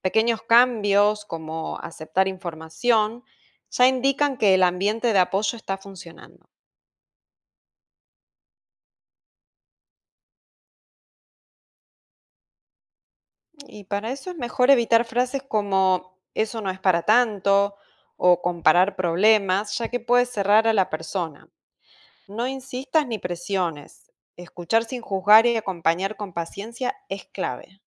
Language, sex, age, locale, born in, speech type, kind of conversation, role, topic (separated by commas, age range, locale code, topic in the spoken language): Spanish, female, 40-44, es-AR, Argentina, read, expert-assistant, expert, ¿Cuáles son las razones por las que las personas evitan buscar ayuda para problemas de salud mental?